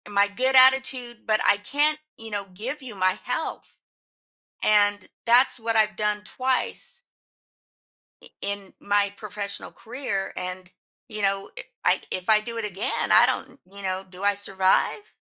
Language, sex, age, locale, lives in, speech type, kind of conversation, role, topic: English, female, 55-59, en-US, United States, conversation, advice, user, How can I set clear, consistent boundaries with family and friends?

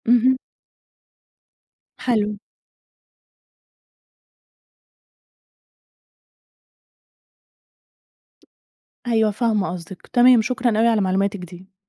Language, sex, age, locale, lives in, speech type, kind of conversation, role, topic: Arabic, female, 30-34, ar-EG, Egypt, read, expert-assistant, asker, لو فيه حد كبير في السن في المستشفى وابتدى يتلخبط أو ينسى فجأة، الدكاترة بيعملوا إيه علشان يعرفوا السبب؟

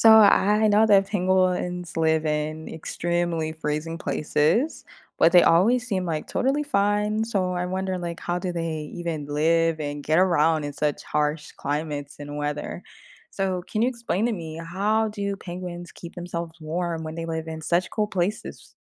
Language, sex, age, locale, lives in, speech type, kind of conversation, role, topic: English, female, 25-29, en-US, United States, read, expert-assistant, asker, How do animals survive and adapt to harsh winter conditions?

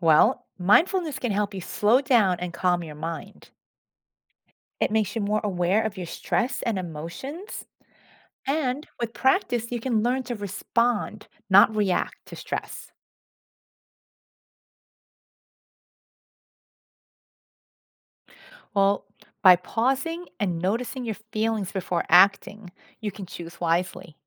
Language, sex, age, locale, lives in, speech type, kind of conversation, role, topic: English, female, 50-54, en-US, United States, read, expert-assistant, expert, How can I cultivate mindfulness in my daily routine?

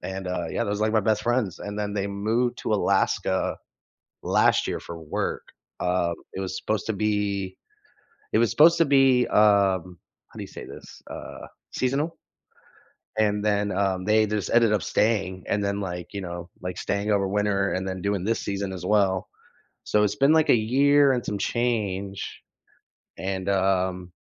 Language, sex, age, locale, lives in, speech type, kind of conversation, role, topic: English, male, 30-34, en-US, United States, conversation, advice, user, How can I reach out to an old friend when I'm feeling nostalgic?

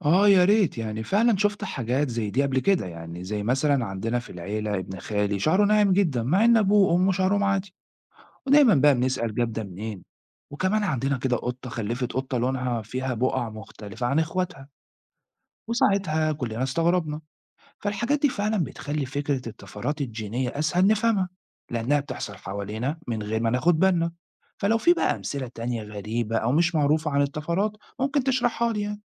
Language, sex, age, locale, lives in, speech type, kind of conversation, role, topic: Arabic, male, 40-44, ar-EG, Italy, read, expert-assistant, asker, إزاي نعمل بودكاست عن التطور يبقى سهل ويشد الناس؟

